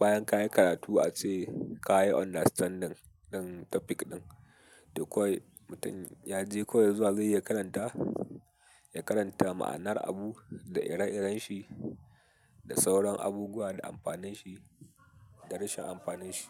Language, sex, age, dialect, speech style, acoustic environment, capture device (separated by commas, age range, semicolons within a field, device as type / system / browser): Hausa, male, 18-24, Nigeria - Katsina, happy, nature, mobile / Linux / Chrome